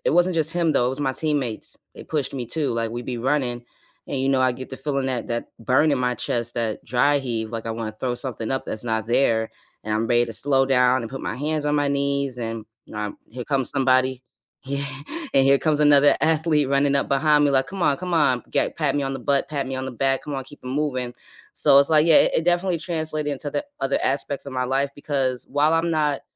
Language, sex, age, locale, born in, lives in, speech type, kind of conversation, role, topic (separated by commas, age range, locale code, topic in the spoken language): English, female, 30-34, en-US, United States, United States, conversation, unstructured, none, Who is a mentor who shaped your career, and how did they help you?